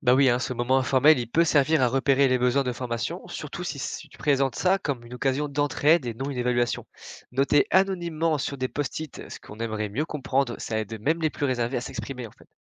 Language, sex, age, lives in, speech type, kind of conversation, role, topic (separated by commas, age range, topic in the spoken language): French, male, 20-24, France, read, expert-assistant, expert, Quelles astuces pour intégrer les outils numériques en classe quand certains collègues sont sceptiques ?